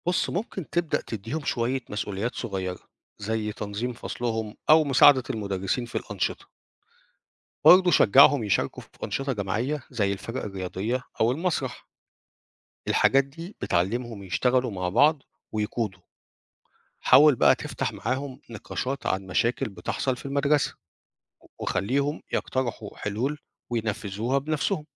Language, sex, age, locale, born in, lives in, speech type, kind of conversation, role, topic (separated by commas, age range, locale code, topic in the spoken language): Arabic, male, 50-54, ar-EG, Egypt, Portugal, read, expert-assistant, expert, كيف يمكن تطوير مهارات القيادة لدى الطلاب؟